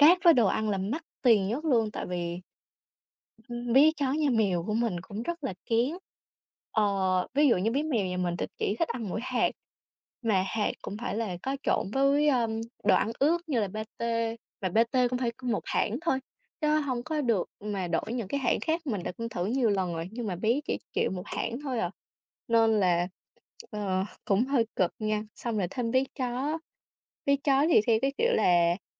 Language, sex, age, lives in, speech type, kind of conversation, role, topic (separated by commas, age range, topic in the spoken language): Vietnamese, female, 20-24, Vietnam, conversation, unstructured, none, Bạn nghĩ sao về việc nuôi thú cưng so với việc không nuôi thú cưng?